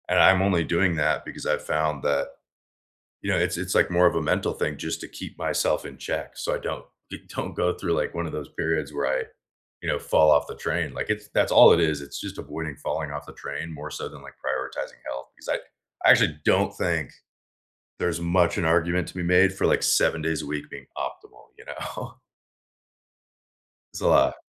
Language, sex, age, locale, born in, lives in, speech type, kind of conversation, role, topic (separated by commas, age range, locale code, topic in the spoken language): English, male, 25-29, en-US, United States, United States, conversation, unstructured, none, What small goal are you excited to work toward this month?